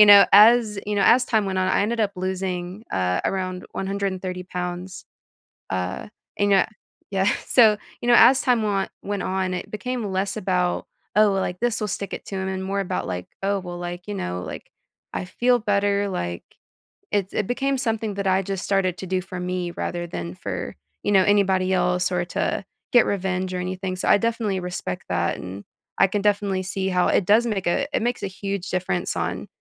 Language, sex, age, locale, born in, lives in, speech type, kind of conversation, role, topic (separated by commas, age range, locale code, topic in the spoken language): English, female, 25-29, en-US, United States, United States, conversation, podcast, host, What helps you keep up a consistent exercise routine over time?